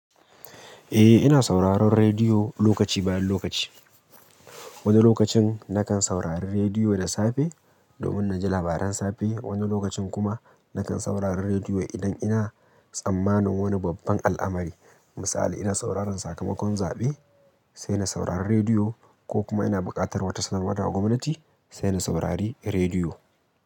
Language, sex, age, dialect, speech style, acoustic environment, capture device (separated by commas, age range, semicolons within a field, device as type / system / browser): Hausa, male, 25-34, Nigeria - Kano, happy; excited; focused, people talking; traffic; music; nature; appliances, mobile / Linux / Chrome